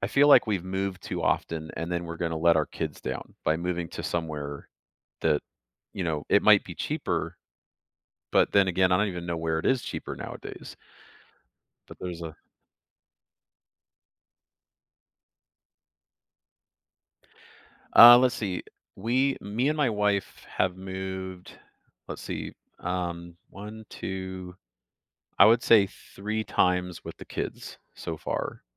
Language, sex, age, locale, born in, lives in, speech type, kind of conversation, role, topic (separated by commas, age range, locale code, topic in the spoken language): English, male, 45-49, en-US, Canada, United States, conversation, advice, user, How can I reduce stress while making a major decision?